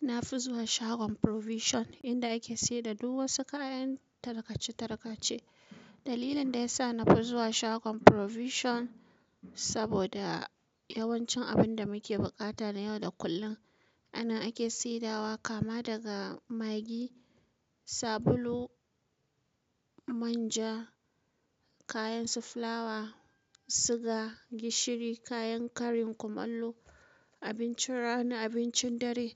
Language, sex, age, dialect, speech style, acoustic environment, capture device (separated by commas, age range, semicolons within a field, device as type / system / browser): Hausa, female, 25-34, Nigeria - Kano, relaxed, silence, mobile / Linux / Chrome